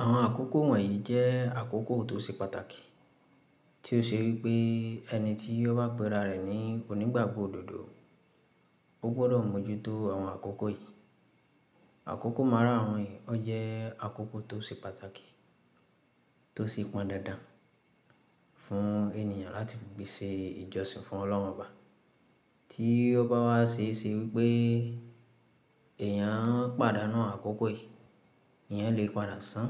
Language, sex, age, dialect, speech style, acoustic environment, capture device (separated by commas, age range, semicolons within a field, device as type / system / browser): Yoruba, female, 25-34, Nigeria - Ibadan, relaxed, silence, mobile / Linux / Chrome